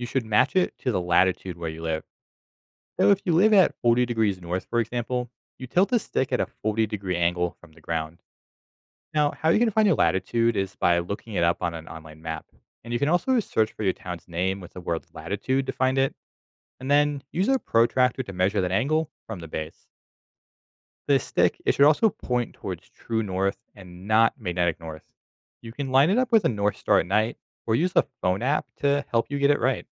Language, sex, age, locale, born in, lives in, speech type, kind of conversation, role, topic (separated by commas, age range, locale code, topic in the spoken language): English, male, 25-29, en-US, United States, United States, read, expert-assistant, expert, How can you build a basic sundial?